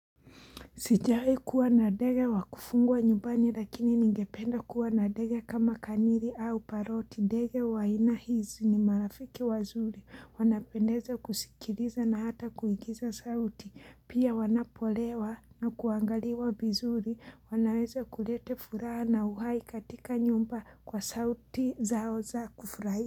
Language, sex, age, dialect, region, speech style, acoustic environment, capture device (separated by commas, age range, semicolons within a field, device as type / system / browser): Swahili, female, 25-34, Kenya - Mombasa, Kenya, happy, silence, mobile / Linux / Chrome